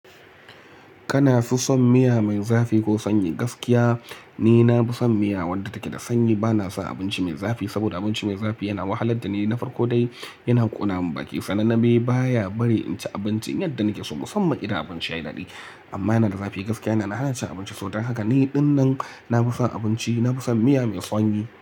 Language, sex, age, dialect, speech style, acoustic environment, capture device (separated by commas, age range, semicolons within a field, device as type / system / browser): Hausa, male, 25-34, Nigeria - Kano, relaxed, silence, mobile / Linux / Chrome